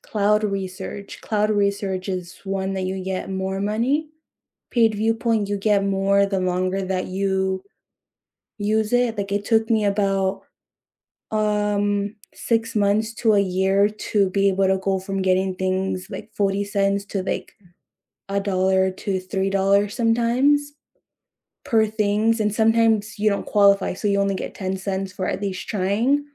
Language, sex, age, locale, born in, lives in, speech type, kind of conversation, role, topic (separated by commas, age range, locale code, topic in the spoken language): English, female, 25-29, en-US, United States, United States, conversation, unstructured, none, What could you cut or simplify in your personal life to make space for what you most want to pursue next?